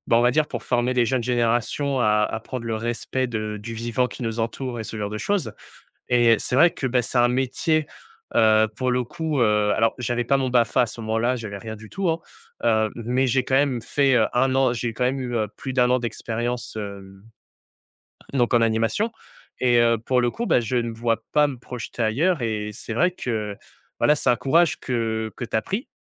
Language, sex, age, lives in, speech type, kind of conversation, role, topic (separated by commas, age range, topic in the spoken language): French, male, 20-24, France, conversation, podcast, host, Comment fais-tu pour tester une nouvelle voie sans tout quitter d’un coup ?